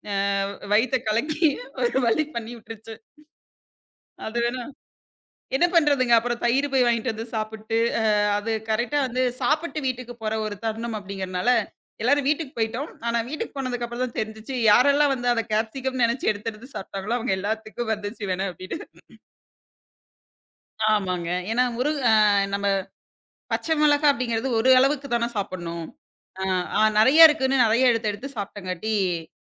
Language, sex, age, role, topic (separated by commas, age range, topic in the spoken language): Tamil, female, 25-29, guest, உணவு காரணமாக உங்களுக்கு உடல்நலம் பாதிக்கப்பட்ட அனுபவம் ஏதாவது இருக்கிறதா?